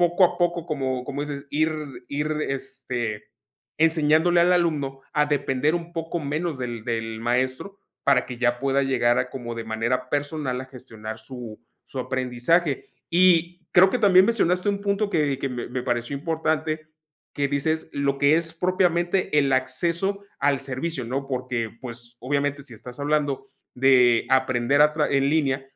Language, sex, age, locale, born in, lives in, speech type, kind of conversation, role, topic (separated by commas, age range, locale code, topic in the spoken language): Spanish, male, 35-39, es-MX, Mexico, Mexico, conversation, podcast, host, ¿Qué opinas de aprender en línea en comparación con aprender de forma presencial?